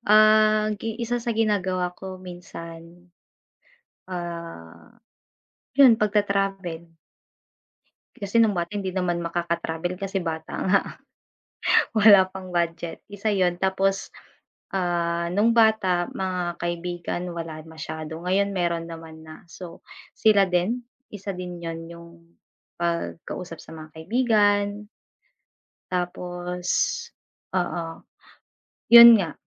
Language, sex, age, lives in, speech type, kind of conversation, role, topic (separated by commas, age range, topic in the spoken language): Filipino, female, 25-29, Philippines, conversation, unstructured, none, Paano mo nilalampasan ang mga malulungkot na araw sa buhay?